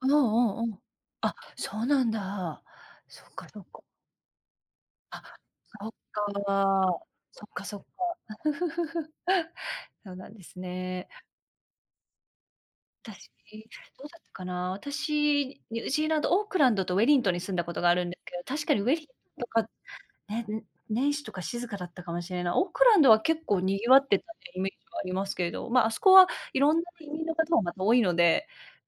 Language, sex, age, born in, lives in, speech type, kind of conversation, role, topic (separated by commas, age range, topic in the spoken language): Japanese, female, 40-44, Japan, United States, conversation, unstructured, none, なぜお正月は特別な日だと思いますか？